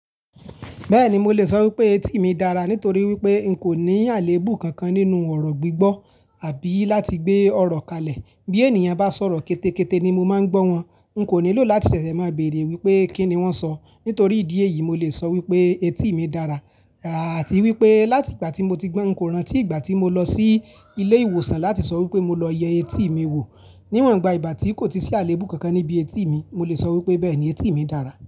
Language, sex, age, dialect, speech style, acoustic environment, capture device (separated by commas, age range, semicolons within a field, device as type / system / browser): Yoruba, male, 25-34, Nigeria - Ibadan, relaxed; focused, silence; appliances, mobile / Linux / Chrome